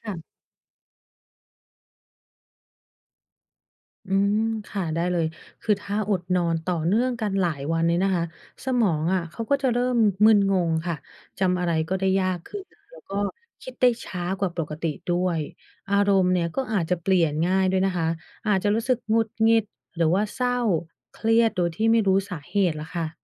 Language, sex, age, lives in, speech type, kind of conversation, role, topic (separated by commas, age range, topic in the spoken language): Thai, female, 30-34, United States, read, expert-assistant, expert, ทำไมเราจึงต้องนอนหลับ